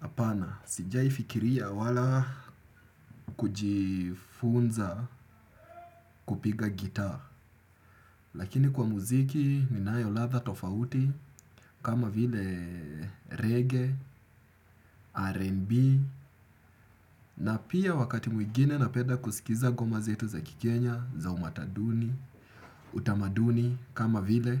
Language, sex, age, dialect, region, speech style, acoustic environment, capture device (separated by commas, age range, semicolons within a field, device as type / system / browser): Swahili, male, 25-34, Kenya - Nairobi Sheng-influenced, Kenya, happy; relaxed; excited; focused, nature; silence; appliances, desktop / Windows / Chrome